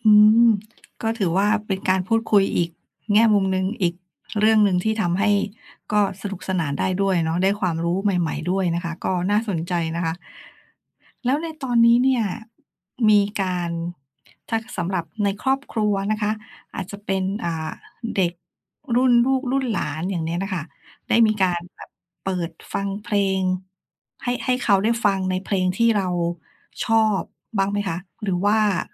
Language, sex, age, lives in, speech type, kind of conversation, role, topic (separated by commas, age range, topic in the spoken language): Thai, female, 40-44, Thailand, conversation, podcast, host, ครอบครัวของคุณปลูกฝังเพลงแบบไหนให้คุณบ้าง เล่าให้ฟังหน่อยได้ไหม?